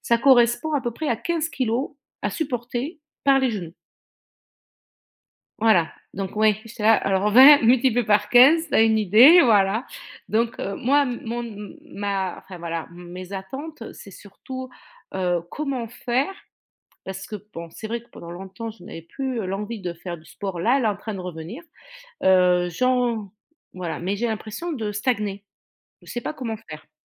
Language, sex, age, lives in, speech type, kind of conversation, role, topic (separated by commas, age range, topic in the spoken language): French, female, 50-54, France, conversation, advice, user, Pourquoi est-ce que je ne progresse plus malgré un entraînement régulier ?